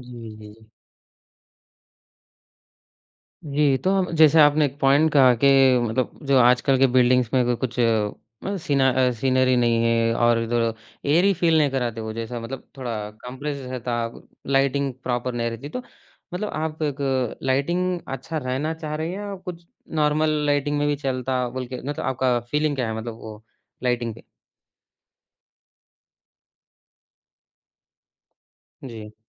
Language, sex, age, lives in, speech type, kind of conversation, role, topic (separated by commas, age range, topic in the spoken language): Hindi, male, 20-24, India, conversation, podcast, host, Kaun si cheez ghar ko turant apna-sa aur khushnuma bana deti hai?